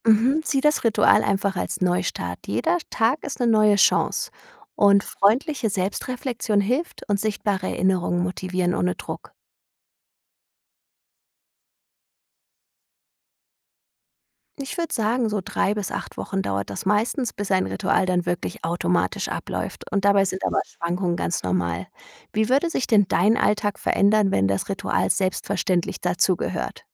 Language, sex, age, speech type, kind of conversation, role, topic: German, female, 35-39, read, expert-assistant, expert, Wie finde ich im hektischen Alltag Momente, um innerlich zur Ruhe zu kommen?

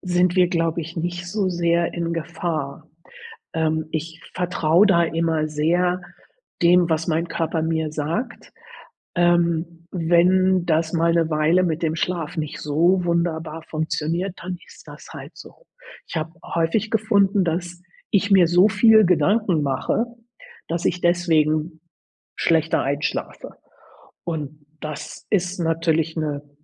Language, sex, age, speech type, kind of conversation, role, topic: German, female, 60-64, conversation, advice, advisor, Warum fühle ich mich nach zu langem Schlafen am Wochenende wie mit Jetlag?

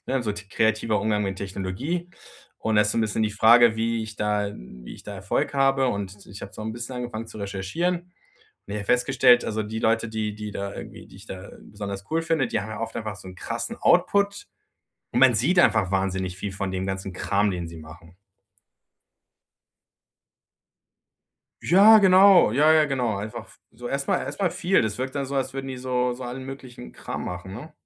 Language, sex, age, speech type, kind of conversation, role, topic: German, male, 40-44, conversation, advice, user, Wie kann ich öffentlich experimentieren, ohne Angst vor Fehlern zu haben?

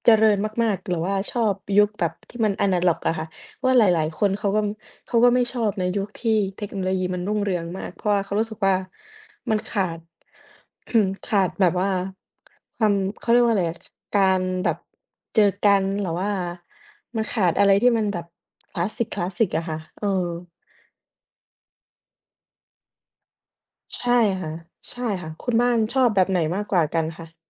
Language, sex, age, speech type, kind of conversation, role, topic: Thai, female, 20-24, conversation, unstructured, none, เทคโนโลยีสมัยใหม่เปลี่ยนวิถีชีวิตของเรามากแค่ไหน?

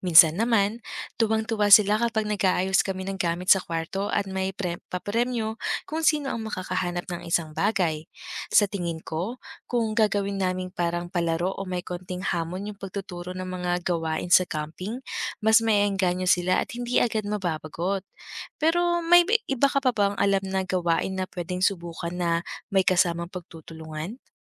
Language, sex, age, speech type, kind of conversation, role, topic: Filipino, female, 30-34, read, expert-assistant, asker, Paano magiging ligtas at masaya ang unang family camping kasama ang mga bata?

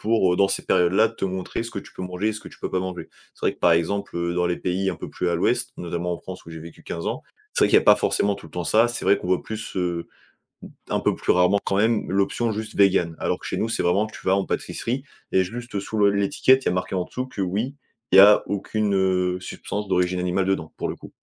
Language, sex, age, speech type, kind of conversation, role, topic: French, male, 20-24, conversation, podcast, guest, Comment fais-tu pour gérer les différents régimes alimentaires ?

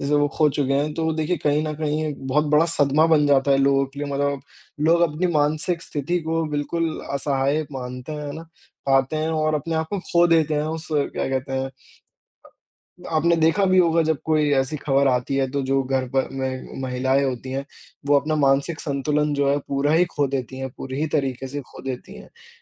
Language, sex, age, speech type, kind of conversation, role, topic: Hindi, male, 20-24, conversation, unstructured, none, आपको क्या लगता है, क्या खबरें हमें अधिक सतर्क बनाती हैं या अधिक डराती हैं?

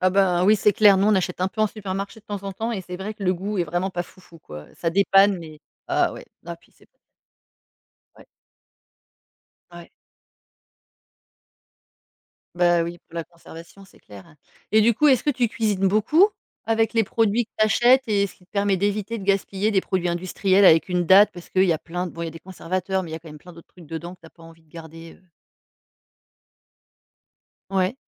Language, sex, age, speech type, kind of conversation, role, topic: French, female, 40-44, conversation, podcast, host, Comment fais-tu pour réduire le gaspillage alimentaire chez toi ?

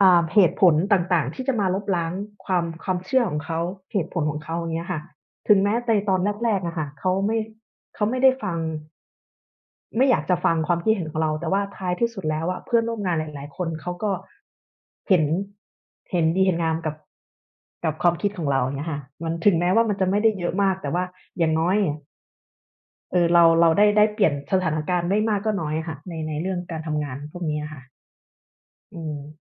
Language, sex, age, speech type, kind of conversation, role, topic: Thai, female, 35-39, conversation, unstructured, none, เคยมีครั้งไหนที่คุณต้องยอมคนอื่นทั้งที่ไม่อยากทำไหม?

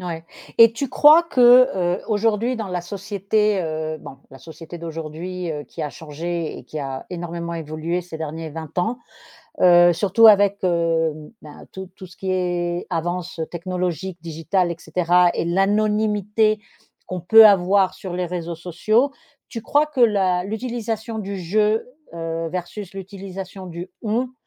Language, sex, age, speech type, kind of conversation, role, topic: French, female, 50-54, conversation, podcast, host, Comment utilises-tu le « je » pour t’exprimer ?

